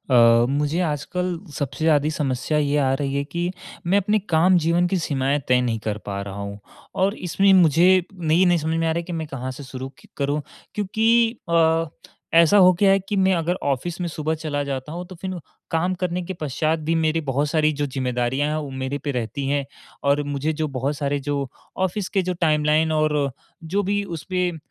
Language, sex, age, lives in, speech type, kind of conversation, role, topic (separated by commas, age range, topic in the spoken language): Hindi, male, 18-19, India, conversation, advice, user, मुझे काम और निजी जीवन के बीच सीमाएँ तय करना कहाँ से शुरू करना चाहिए?